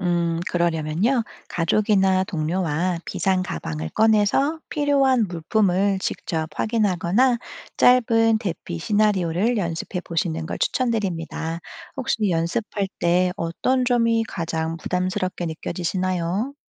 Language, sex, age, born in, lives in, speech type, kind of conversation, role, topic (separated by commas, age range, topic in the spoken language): Korean, female, 35-39, South Korea, Germany, read, expert-assistant, expert, 재난이 닥쳤을 때 가족이나 이웃과 안전하게 연락을 유지하려면 어떤 방법이 효과적일까요?